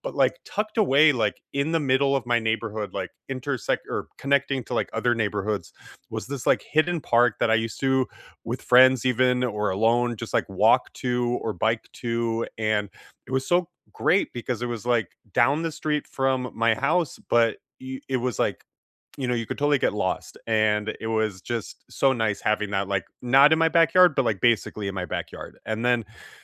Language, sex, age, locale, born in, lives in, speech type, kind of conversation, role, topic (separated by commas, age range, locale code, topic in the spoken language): English, male, 30-34, en-US, United States, United States, conversation, unstructured, none, What is a meaningful place from your childhood that you still think about?